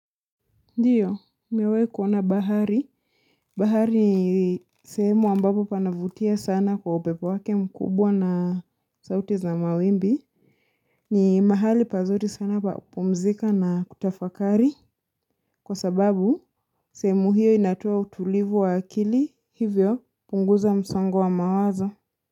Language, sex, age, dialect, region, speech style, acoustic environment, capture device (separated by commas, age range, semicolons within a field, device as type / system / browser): Swahili, female, 25-34, Kenya - Mombasa, Kenya, excited; nervous, silence, mobile / Linux / Chrome